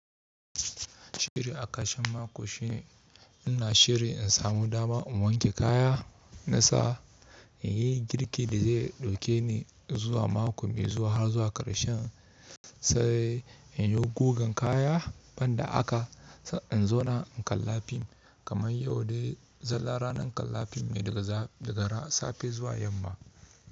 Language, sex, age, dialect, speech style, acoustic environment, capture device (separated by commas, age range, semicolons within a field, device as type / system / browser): Hausa, male, 25-34, Nigeria - Kano, relaxed, nature; people talking, mobile / Linux / Chrome